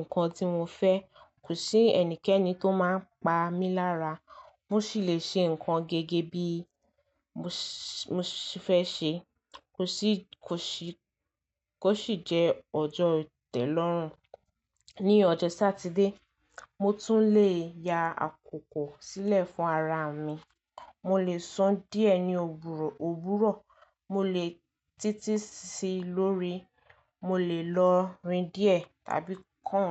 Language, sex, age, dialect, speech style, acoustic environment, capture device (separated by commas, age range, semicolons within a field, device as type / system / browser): Yoruba, female, 18-24, Nigeria - Lagos Yoruba, relaxed, silence; nature, mobile / Linux / Chrome